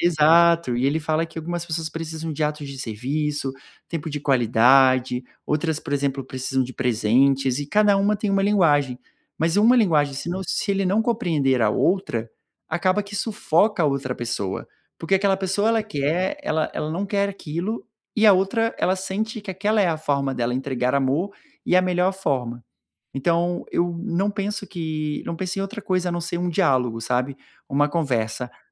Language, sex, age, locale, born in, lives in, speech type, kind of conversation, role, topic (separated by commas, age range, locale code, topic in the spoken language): Portuguese, male, 30-34, pt-BR, Brazil, Portugal, conversation, advice, advisor, Como conciliar o desejo de proximidade com a necessidade de espaço em um relacionamento?